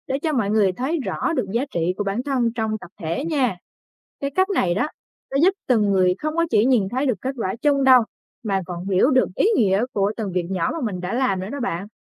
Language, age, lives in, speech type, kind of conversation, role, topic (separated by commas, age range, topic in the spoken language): Vietnamese, 20-24, Vietnam, read, expert-assistant, expert, Làm thế nào để duy trì sự gắn kết trong các hoạt động bảo vệ môi trường của nhóm?